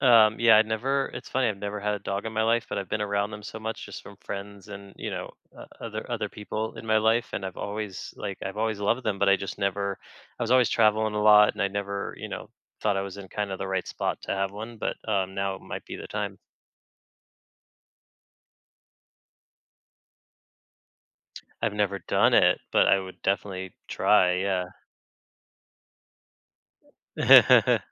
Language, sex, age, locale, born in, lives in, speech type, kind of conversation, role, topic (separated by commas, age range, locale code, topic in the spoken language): English, male, 35-39, en-US, United States, United States, conversation, advice, user, How can I stay committed to a new hobby?